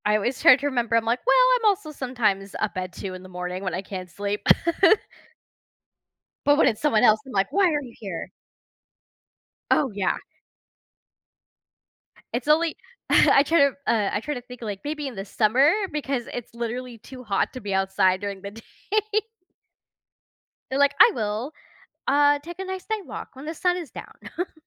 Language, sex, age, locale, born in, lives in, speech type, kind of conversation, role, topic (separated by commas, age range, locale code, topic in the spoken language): English, female, 30-34, en-US, United States, United States, conversation, unstructured, none, What would you do if you had the chance to be invisible for a day?